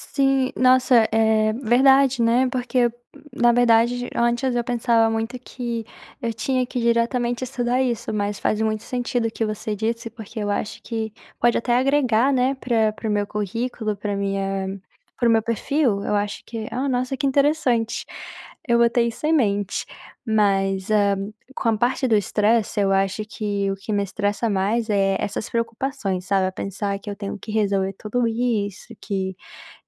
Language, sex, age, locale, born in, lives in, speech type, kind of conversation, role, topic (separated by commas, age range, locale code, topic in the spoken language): Portuguese, female, 20-24, pt-BR, Brazil, United States, conversation, advice, user, Como posso transformar minha preocupação em ações concretas sem ficar mais ansioso?